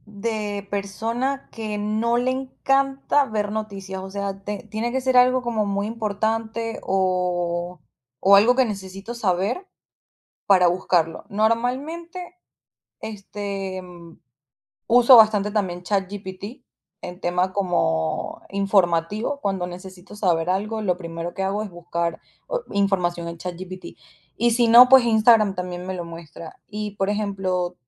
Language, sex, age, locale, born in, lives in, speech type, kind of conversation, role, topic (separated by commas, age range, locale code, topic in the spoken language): Spanish, female, 25-29, es-VE, Venezuela, United States, conversation, podcast, guest, ¿Qué piensas sobre las redes sociales hoy en día?